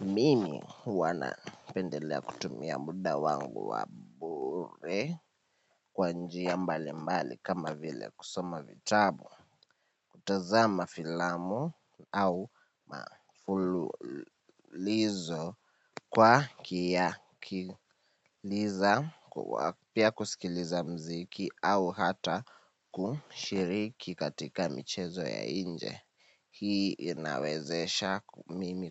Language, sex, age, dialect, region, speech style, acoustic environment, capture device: Swahili, male, 18-24, Kenya - Nairobi Sheng-influenced, Kenya, happy; nervous; anxious; excited, people talking; appliances; music, mobile / Linux / Chrome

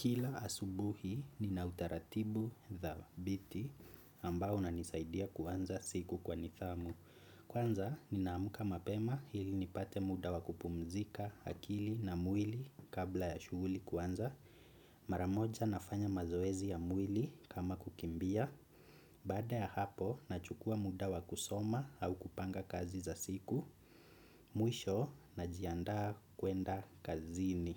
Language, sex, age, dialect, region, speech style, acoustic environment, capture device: Swahili, male, 25-34, Kenya - Nairobi Sheng-influenced, Kenya, excited, music, desktop / Windows / Chrome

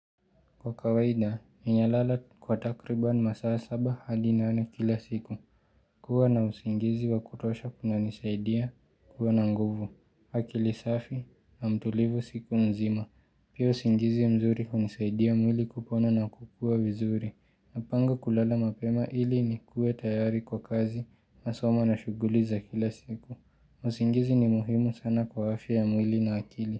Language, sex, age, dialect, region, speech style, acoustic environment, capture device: Swahili, male, 18-24, Kenya - Nairobi Sheng-influenced, Kenya, relaxed, silence; nature; music; appliances, mobile / Linux / Chrome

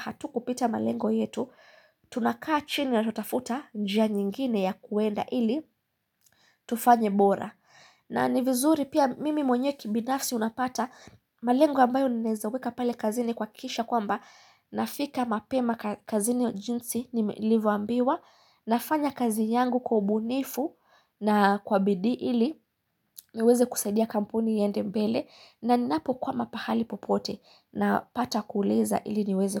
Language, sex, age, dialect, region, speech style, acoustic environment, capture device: Swahili, female, 25-34, Kenya - Mombasa, Kenya, excited; focused; happy, silence, mobile / Linux / Chrome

